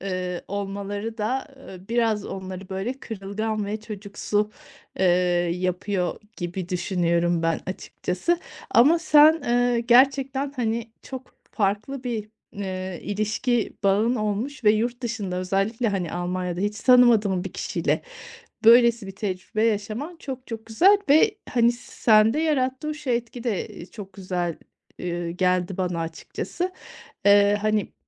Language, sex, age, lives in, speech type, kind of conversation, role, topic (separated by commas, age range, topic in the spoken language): Turkish, female, 40-44, Spain, conversation, podcast, host, Yolda tanıştığın ilginç birini anlatır mısın?